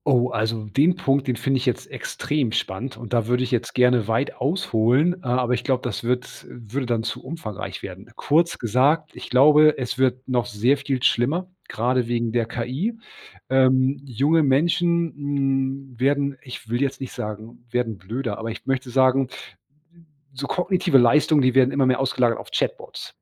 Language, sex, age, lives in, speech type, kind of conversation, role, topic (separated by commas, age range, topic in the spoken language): German, male, 40-44, Germany, conversation, podcast, guest, Wie stark beeinflussen soziale Medien dein Wohlbefinden?